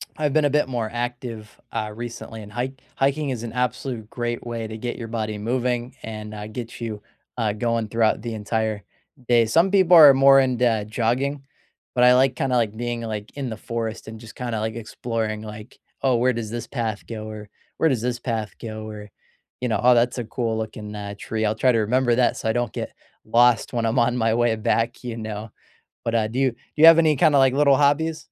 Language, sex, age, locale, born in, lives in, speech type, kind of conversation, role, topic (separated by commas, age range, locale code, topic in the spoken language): English, male, 25-29, en-US, United States, United States, conversation, unstructured, none, What street food do you crave, and where do you find it?